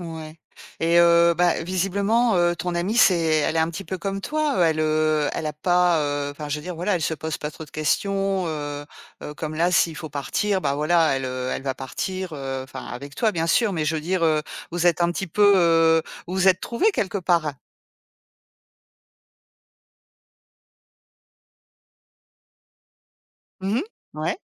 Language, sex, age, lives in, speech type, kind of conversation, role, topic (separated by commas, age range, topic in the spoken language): French, female, 50-54, France, conversation, podcast, host, Comment maintenir une habitude quand la vie bouge ?